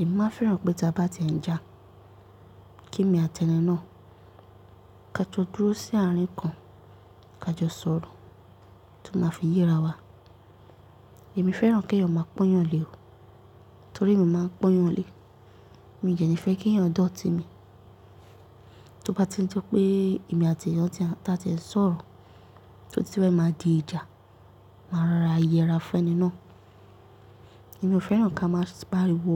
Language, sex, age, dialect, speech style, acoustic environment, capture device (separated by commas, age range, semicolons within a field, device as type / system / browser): Yoruba, female, 18-24, Nigeria - Nigerian Standard, nervous; excited, nature, mobile / Linux / Chrome